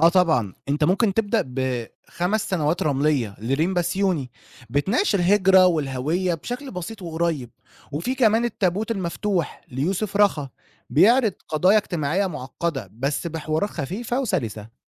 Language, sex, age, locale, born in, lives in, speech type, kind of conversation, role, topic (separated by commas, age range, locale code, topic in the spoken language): Arabic, male, 20-24, ar-EG, Egypt, Egypt, read, expert-assistant, expert, ممكن تشرحلي إزاي الأدب المعاصر بيعبر عن قضايا المجتمع المصري النهاردة؟